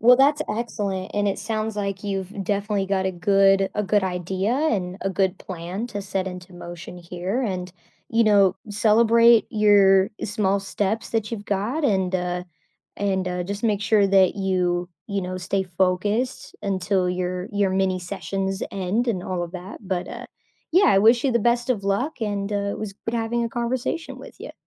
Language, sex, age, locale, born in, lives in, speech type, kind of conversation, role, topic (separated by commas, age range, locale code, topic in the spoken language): English, female, 25-29, en-US, United States, United States, conversation, advice, advisor, How can I stop procrastinating on important tasks?